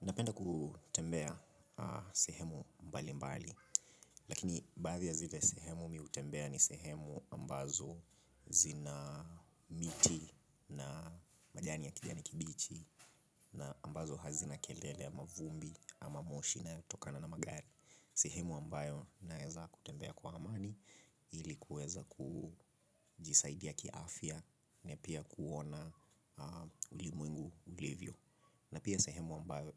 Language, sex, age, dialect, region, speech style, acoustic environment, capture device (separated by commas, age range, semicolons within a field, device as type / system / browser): Swahili, male, 25-34, Kenya - Nairobi Sheng-influenced, Kenya, relaxed, silence, mobile / Linux / Chrome